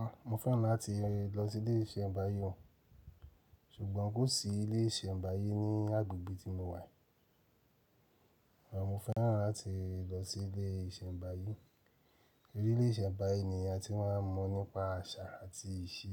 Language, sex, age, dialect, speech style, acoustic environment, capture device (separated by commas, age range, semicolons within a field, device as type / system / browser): Yoruba, male, 35-44, Nigeria - Nigerian Standard, focused, silence, mobile / Linux / Chrome